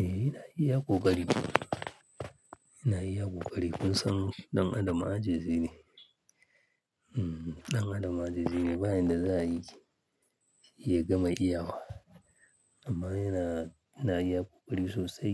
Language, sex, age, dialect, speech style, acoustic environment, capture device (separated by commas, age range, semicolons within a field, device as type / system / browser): Hausa, male, 35-44, Nigeria - Kano, happy, people talking, mobile / Linux / Chrome